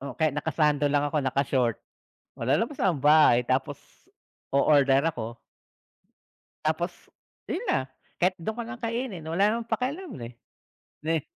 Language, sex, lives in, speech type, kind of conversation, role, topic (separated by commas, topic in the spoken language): Filipino, male, Philippines, conversation, unstructured, none, Ano ang reaksiyon mo kapag biglang tumaas ang presyo ng paborito mong pagkain?